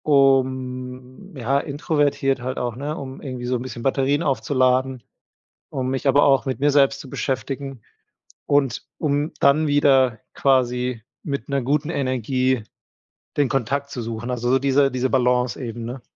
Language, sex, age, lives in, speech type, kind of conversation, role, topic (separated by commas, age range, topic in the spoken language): German, male, 30-34, Germany, conversation, podcast, guest, Wie findest du heraus, was dir wirklich wichtig ist?